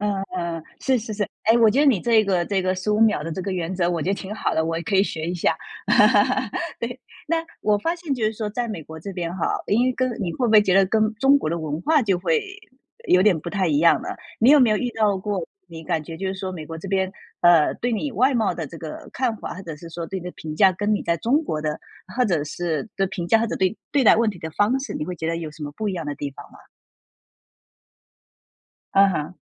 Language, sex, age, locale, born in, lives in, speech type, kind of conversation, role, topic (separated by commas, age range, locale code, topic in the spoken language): Chinese, female, 35-39, zh-TW, China, United States, conversation, podcast, host, 你通常会如何应对别人对你外貌的评价？